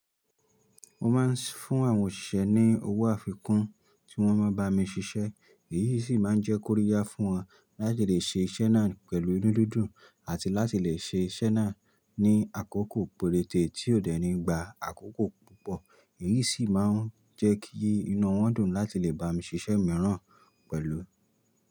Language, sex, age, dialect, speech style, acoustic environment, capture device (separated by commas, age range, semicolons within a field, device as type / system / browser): Yoruba, male, 25-34, Nigeria - Nigerian Standard, happy; excited; focused; relaxed, nature; silence, mobile / Linux / Chrome